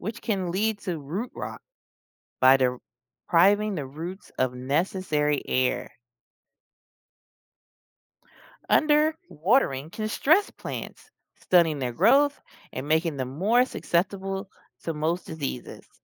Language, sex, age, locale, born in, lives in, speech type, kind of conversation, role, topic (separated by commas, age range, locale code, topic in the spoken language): English, female, 40-44, en-US, United States, United States, read, expert-assistant, expert, What makes a plant grow faster?